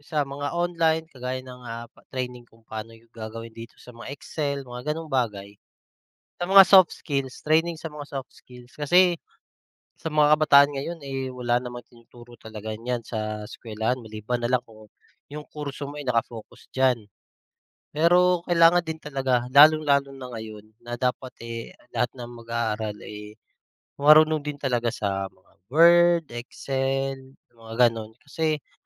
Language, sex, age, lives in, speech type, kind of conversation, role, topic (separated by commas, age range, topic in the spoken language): Filipino, male, 30-34, Philippines, conversation, unstructured, none, Paano mo nakikita ang magiging hinaharap ng teknolohiya sa Pilipinas?